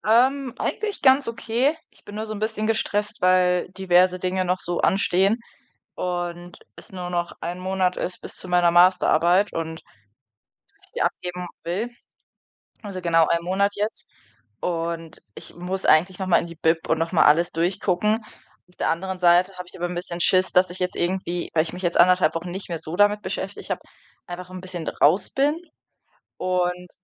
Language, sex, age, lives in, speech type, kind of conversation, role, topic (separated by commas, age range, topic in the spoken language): German, female, 25-29, Germany, conversation, unstructured, none, Was macht für dich eine gute Freundschaft aus?